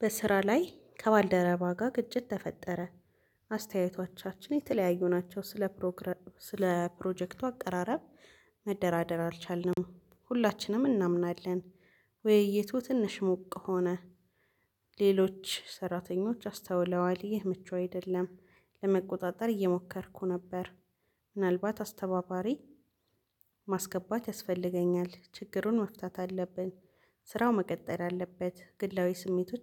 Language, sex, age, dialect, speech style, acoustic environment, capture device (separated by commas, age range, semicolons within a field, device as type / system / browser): Amharic, female, 25-34, Ethiopia - Addis Ababa, relaxed, nature, mobile / Linux / Chrome